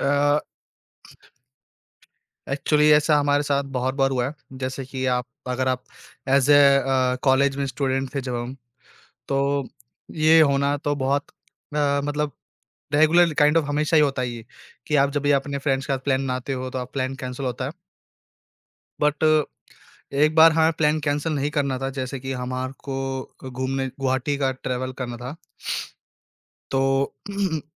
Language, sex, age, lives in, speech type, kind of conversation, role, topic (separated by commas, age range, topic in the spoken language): Hindi, male, 20-24, India, conversation, podcast, guest, Jab kisi trip par tumhara plan fail ho gaya, toh tumne situation ko kaise handle kiya?